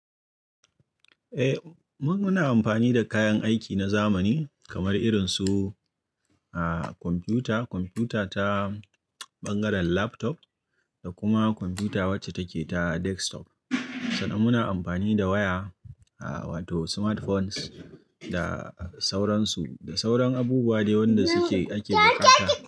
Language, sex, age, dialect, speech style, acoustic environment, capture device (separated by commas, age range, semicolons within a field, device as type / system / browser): Hausa, male, 25-34, Nigeria - Kano, relaxed, silence, mobile / Linux / Chrome